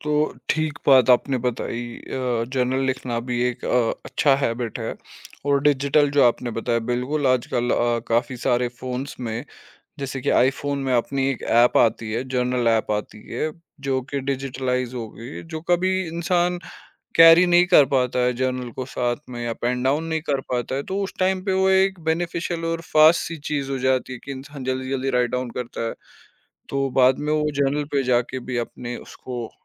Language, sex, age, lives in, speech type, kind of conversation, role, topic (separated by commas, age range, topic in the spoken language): Hindi, male, 25-29, India, conversation, podcast, host, Busy schedule mein aap apni consistency kaise banaye rakhte ho?